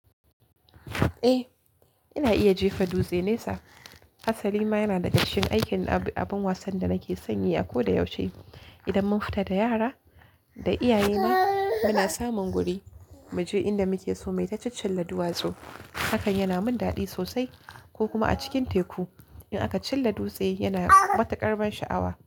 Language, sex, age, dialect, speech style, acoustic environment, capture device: Hausa, female, 18-24, Nigeria - Kano, focused; relaxed, silence, mobile / Linux / Chrome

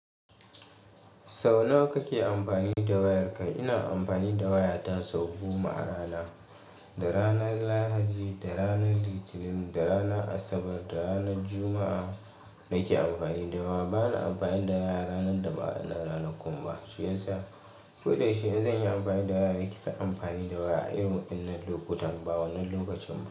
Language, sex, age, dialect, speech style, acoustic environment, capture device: Hausa, male, 25-34, Nigeria - Kano, relaxed, nature; silence, mobile / Linux / Chrome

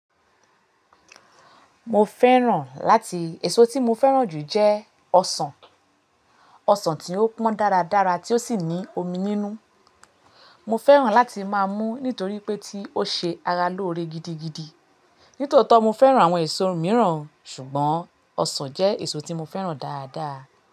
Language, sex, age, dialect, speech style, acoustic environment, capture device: Yoruba, female, 25-34, Nigeria - Lagos Yoruba, anxious, silence, mobile / Linux / Chrome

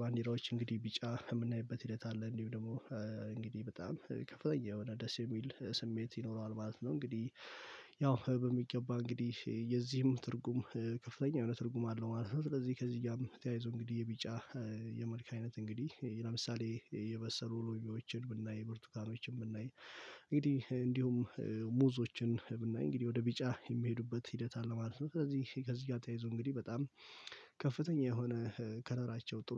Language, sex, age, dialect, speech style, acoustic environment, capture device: Amharic, male, 18-24, Ethiopia - Addis Ababa, focused, silence, mobile / Linux / Chrome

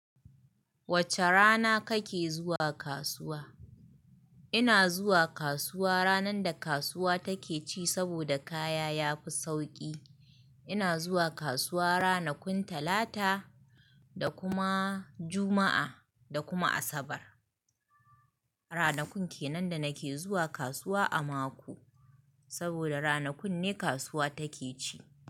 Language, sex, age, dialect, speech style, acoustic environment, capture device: Hausa, female, 25-34, Nigeria - Kano, happy; excited, people talking; nature, mobile / Linux / Chrome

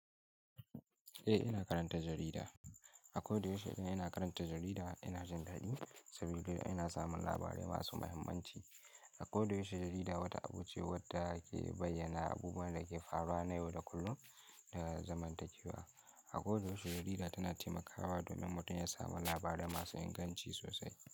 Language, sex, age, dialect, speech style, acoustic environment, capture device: Hausa, male, 18-24, Nigeria - Kano, happy; focused; excited, silence, mobile / Linux / Chrome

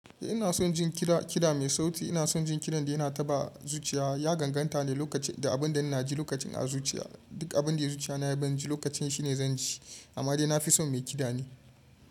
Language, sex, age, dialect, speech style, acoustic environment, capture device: Hausa, male, 25-34, Nigeria - Kano, happy, construction, mobile / Linux / Chrome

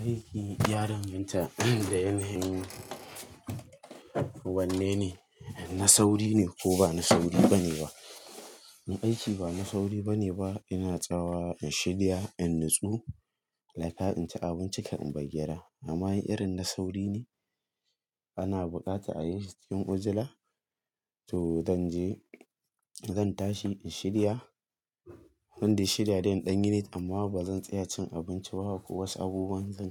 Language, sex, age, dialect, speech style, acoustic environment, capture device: Hausa, male, 18-24, Nigeria - Kano, focused, nature, mobile / Linux / Chrome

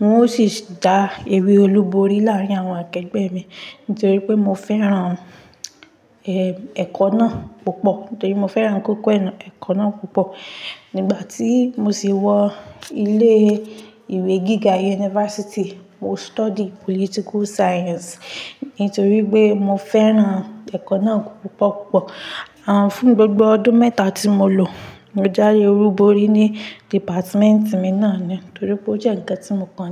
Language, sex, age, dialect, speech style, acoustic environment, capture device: Yoruba, female, 25-34, Nigeria - Ibadan, relaxed; focused, silence, mobile / Linux / Chrome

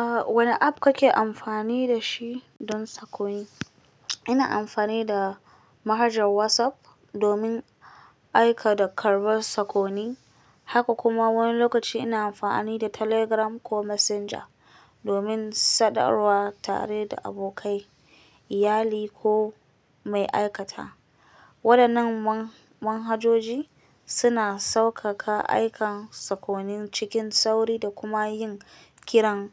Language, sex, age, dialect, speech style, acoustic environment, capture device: Hausa, female, 25-34, Nigeria - Kano, stressed; anxious, silence, mobile / Linux / Chrome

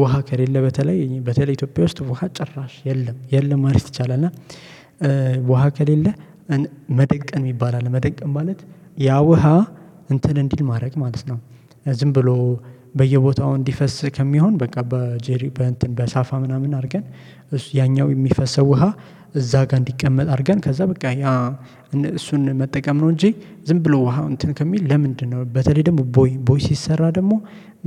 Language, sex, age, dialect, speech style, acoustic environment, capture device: Amharic, male, 25-34, Ethiopia - Addis Ababa, happy, silence, mobile / Linux / Chrome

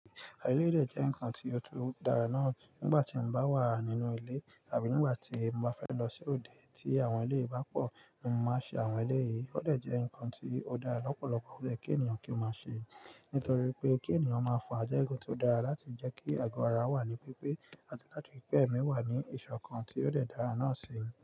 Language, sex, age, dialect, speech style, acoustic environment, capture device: Yoruba, male, 25-34, Nigeria - Nigerian Standard, focused, nature, mobile / Linux / Chrome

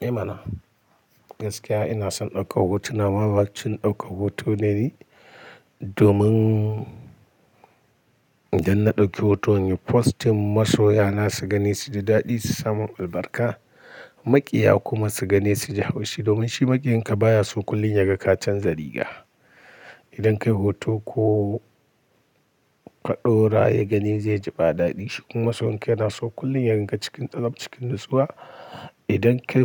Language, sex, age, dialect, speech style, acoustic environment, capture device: Hausa, male, 35-44, Nigeria - Kano, happy, silence, mobile / Linux / Chrome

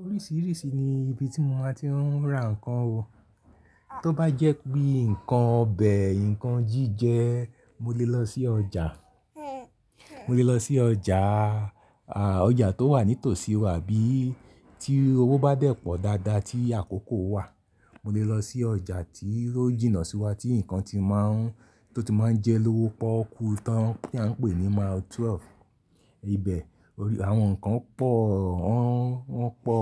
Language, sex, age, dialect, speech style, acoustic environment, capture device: Yoruba, male, 35-44, Nigeria - Lagos Yoruba, happy, people talking; nature; appliances, mobile / Linux / Chrome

